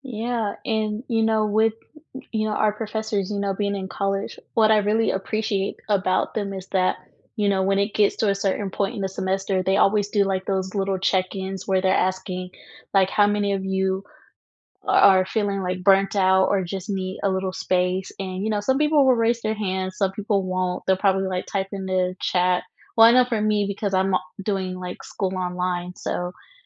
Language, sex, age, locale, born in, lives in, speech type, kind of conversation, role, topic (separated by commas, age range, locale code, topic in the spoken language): English, female, 20-24, en-US, United States, United States, conversation, unstructured, none, What advice would you give your younger self as a student?